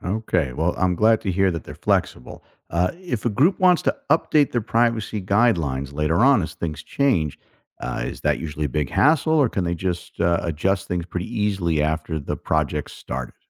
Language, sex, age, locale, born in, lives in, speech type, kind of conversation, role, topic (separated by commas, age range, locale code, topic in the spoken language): English, male, 55-59, en-US, United States, United States, read, expert-assistant, asker, How can I help preserve endangered languages in my community or online?